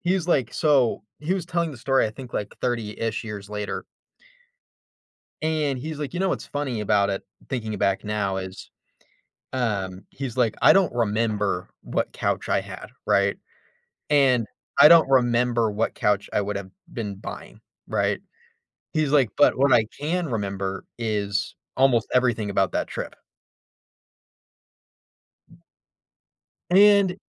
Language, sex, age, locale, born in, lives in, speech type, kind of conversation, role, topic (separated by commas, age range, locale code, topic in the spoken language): English, male, 25-29, en-US, United States, United States, conversation, unstructured, none, How have your childhood experiences with loved ones influenced who you are today?